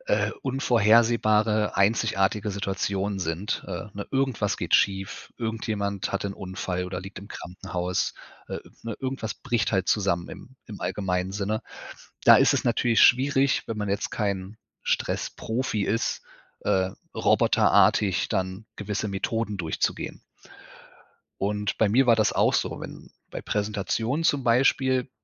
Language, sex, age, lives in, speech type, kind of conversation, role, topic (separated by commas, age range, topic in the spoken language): German, male, 35-39, Germany, conversation, podcast, guest, Welche Tricks helfen dir, Stress im Alltag zu reduzieren?